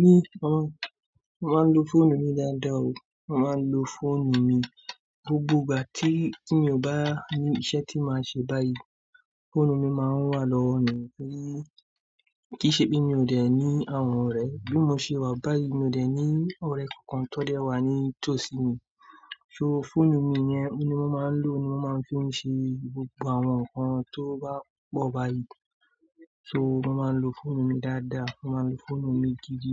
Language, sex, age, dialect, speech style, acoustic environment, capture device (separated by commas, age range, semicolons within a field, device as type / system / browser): Yoruba, male, 25-34, Nigeria - Kano, relaxed, silence, mobile / Android / Chrome